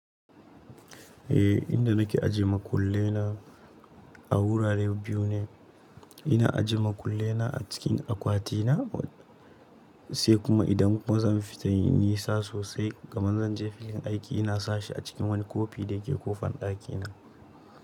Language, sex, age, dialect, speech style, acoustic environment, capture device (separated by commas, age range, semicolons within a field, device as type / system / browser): Hausa, male, 18-24, Nigeria - Nigerian Standard, happy; excited; nervous; focused, appliances; silence; nature, mobile / Linux / Chrome